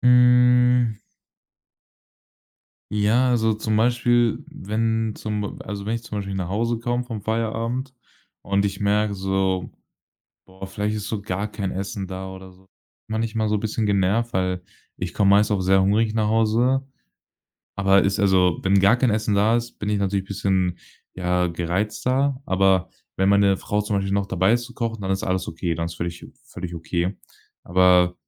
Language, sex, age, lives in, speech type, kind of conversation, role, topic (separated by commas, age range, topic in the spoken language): German, male, 18-19, Germany, conversation, podcast, guest, Wie sieht ein perfekter Feierabend für dich aus?